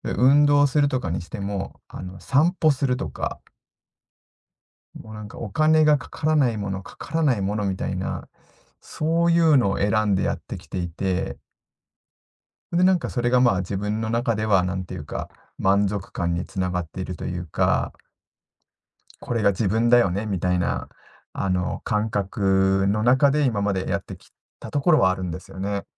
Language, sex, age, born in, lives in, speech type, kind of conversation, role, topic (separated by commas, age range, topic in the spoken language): Japanese, male, 40-44, Japan, Japan, conversation, advice, user, 目標と自分の価値観がずれているのではないかと不安を感じるのはなぜですか？